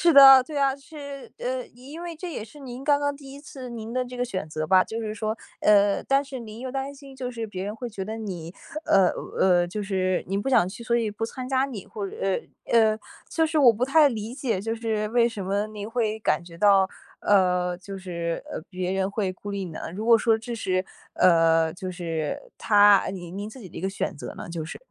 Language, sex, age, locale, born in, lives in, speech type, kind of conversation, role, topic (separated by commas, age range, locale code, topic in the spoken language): Chinese, female, 20-24, zh-CN, China, United States, conversation, advice, advisor, 你为什么会回避社交机会，从而让自己的孤立感越来越强？